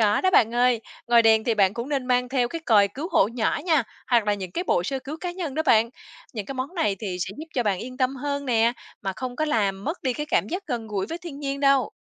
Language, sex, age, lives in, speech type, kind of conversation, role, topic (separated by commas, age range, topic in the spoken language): Vietnamese, female, 35-39, Vietnam, read, expert-assistant, expert, Thiết bị hiện đại giúp ích hay làm mất đi trải nghiệm khi đi cắm trại?